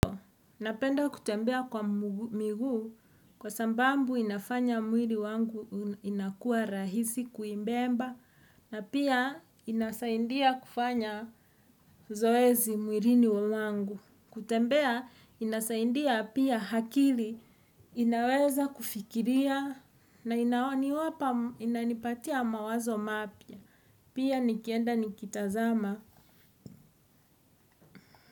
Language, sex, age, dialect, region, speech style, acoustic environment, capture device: Swahili, female, 35-44, Kenya - Nairobi Sheng-influenced, Kenya, relaxed, music, desktop / Windows / Edge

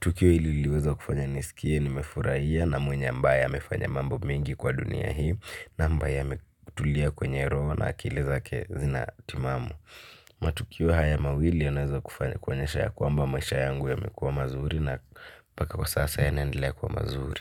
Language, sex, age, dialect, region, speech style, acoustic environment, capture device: Swahili, male, 18-24, Kenya - Nairobi Sheng-influenced, Kenya, focused; excited; happy; relaxed, silence, mobile / Linux / Chrome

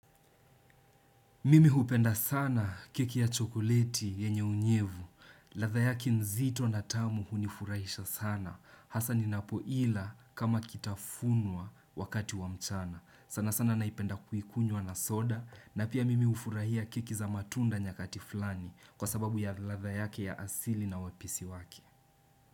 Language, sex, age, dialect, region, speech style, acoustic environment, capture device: Swahili, male, 18-24, Kenya - Nairobi Sheng-influenced, Kenya, happy, silence, desktop / Windows / Chrome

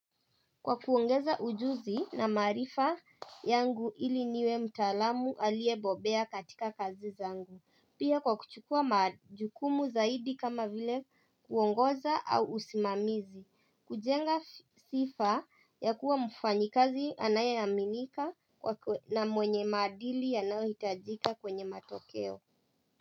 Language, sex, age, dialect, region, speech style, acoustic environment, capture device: Swahili, male, 18-24, Kenya - Nairobi Sheng-influenced, Kenya, happy, people talking, mobile / Linux / Chrome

